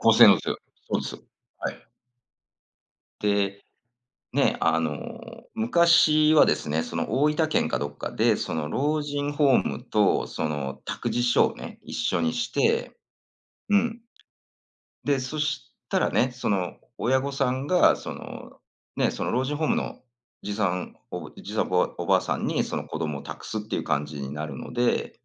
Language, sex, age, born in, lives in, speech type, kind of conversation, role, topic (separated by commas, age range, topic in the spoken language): Japanese, male, 45-49, Japan, United States, conversation, podcast, guest, 高齢者の暮らしに技術をどう活かせる？